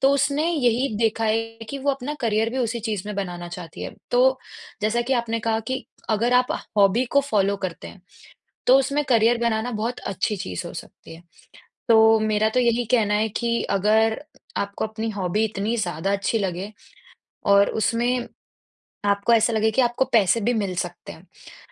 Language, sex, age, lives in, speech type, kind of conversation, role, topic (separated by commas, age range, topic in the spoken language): Hindi, female, 20-24, India, conversation, unstructured, none, हॉबी सीखने से आपके जीवन में क्या बदलाव आए हैं?